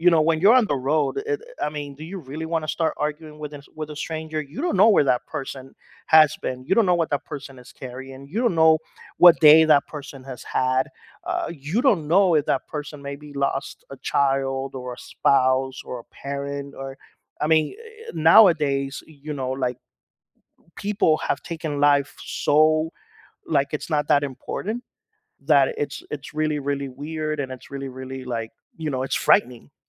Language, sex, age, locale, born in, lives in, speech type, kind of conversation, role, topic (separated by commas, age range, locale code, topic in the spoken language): English, male, 45-49, en-US, United States, United States, conversation, podcast, guest, What factors shape how people handle conflict in their lives?